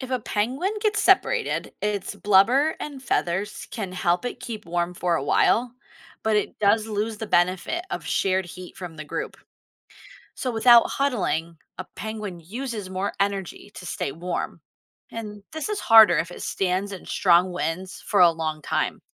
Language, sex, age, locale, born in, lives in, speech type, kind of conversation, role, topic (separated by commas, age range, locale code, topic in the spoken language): English, female, 35-39, en-US, United States, United States, read, expert-assistant, expert, How do penguins stay warm in cold climates?